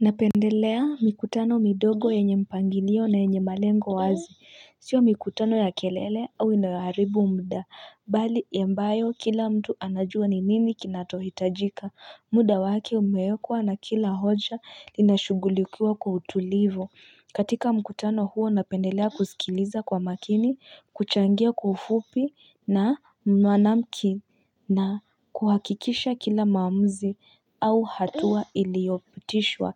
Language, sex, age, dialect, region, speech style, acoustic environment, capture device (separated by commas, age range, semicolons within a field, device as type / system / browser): Swahili, female, 25-34, Kenya - Nairobi Sheng-influenced, Kenya, tired, people talking; nature; music, mobile / Linux / Chrome